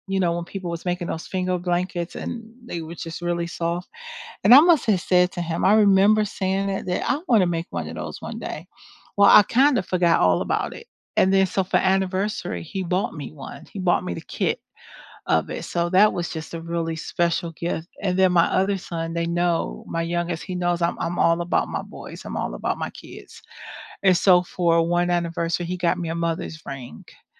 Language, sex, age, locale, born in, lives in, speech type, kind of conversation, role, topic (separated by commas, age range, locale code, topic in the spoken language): English, female, 50-54, en-US, United States, United States, conversation, unstructured, none, What small gestures make you feel most appreciated?